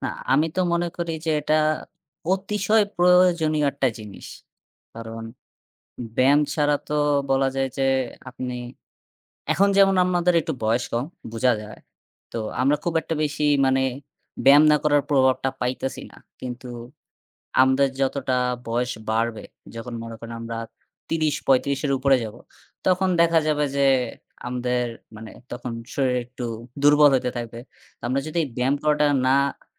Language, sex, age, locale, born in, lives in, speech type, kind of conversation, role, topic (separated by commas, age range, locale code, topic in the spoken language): Bengali, male, 20-24, bn-BD, Bangladesh, Bangladesh, conversation, unstructured, none, কীভাবে ব্যায়ামকে দৈনন্দিন জীবনের নিয়মিত অংশ করে তোলা যায়?